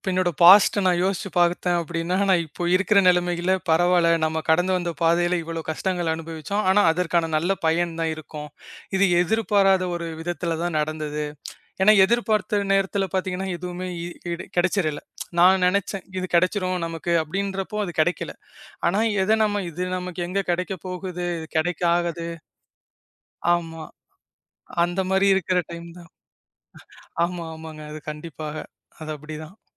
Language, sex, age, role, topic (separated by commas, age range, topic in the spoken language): Tamil, male, 25-29, guest, ஒரு திடீர் வாய்ப்பு உங்கள் வாழ்க்கைப் பாதையை மாற்றியதா, அது எப்படி நடந்தது?